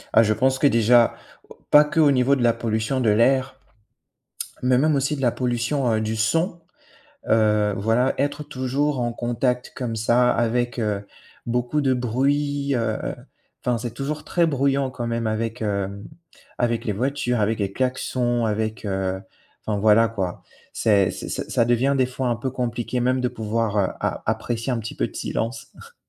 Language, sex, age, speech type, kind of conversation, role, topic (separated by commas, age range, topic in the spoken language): French, male, 25-29, conversation, unstructured, none, Que pensez-vous de la pollution dans nos villes aujourd’hui ?